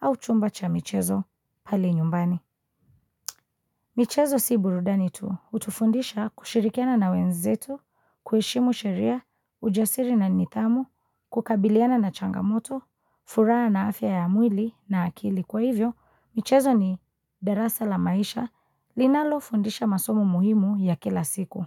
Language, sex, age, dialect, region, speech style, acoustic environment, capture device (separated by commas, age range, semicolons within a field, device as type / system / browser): Swahili, female, 25-34, Kenya - Mombasa, Kenya, focused, nature, mobile / Linux / Chrome